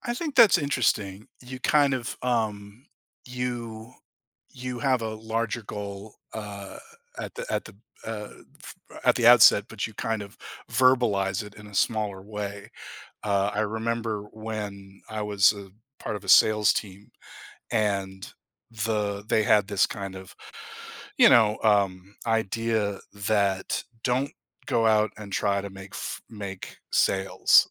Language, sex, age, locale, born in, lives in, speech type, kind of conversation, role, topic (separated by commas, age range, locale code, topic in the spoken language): English, male, 50-54, en-US, United States, United States, conversation, podcast, host, In what ways can regular physical activity support emotional well-being and resilience?